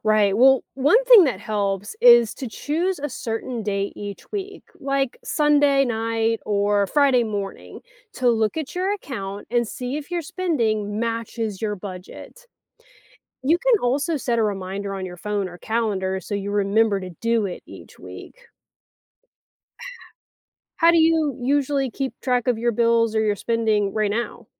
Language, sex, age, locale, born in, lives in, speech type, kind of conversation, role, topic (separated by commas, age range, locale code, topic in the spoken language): English, female, 35-39, en-US, United States, United States, read, expert-assistant, expert, Why should people learn how to manage their money?